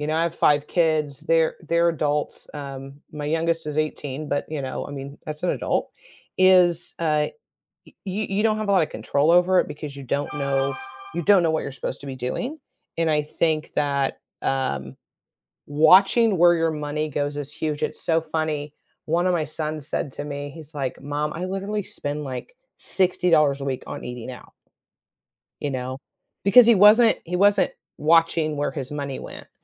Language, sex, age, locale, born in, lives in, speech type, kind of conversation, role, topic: English, female, 50-54, en-US, United States, United States, conversation, podcast, guest, What are some realistic ways to improve financial stability when money is tight?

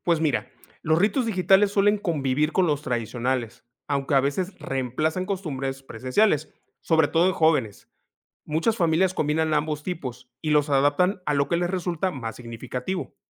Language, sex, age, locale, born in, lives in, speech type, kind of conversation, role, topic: Spanish, male, 35-39, es-MX, Mexico, Mexico, read, expert-assistant, expert, ¿Cómo han evolucionado los ritos familiares entre lo tradicional y lo digital?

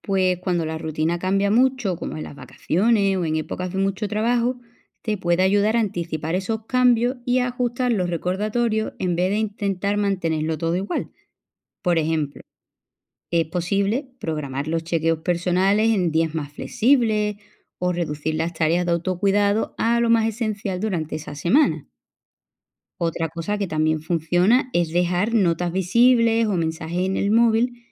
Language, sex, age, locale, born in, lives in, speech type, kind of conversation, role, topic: Spanish, female, 35-39, es-ES, Spain, Portugal, read, expert-assistant, expert, ¿Cómo puedo organizarme para no olvidar mis chequeos de salud?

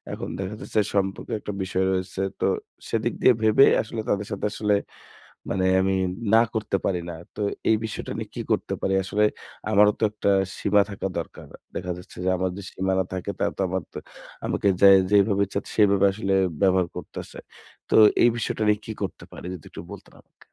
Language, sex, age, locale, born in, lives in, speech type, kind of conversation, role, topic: Bengali, male, 25-29, bn-BD, Bangladesh, Bangladesh, conversation, advice, user, আমি কীভাবে বন্ধুদের সঙ্গে সুস্থ সীমা স্থাপন করতে পারি?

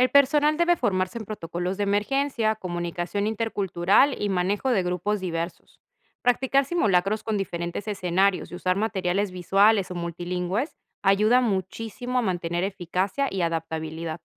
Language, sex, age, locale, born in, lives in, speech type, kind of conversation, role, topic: Spanish, female, 30-34, es-PE, Peru, Italy, read, expert-assistant, expert, ¿Cómo influyen las diferencias culturales en la forma en que cada sociedad responde a las emergencias?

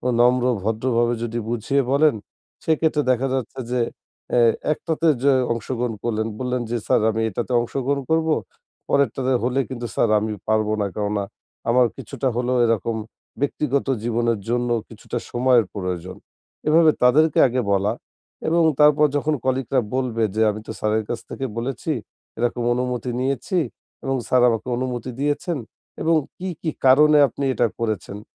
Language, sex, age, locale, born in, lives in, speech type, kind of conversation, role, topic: Bengali, male, 25-29, bn-BD, Bangladesh, Bangladesh, conversation, advice, advisor, পার্টি বা অনুষ্ঠানে সামাজিক ক্লান্তি অনুভব করলে কী করা উচিত?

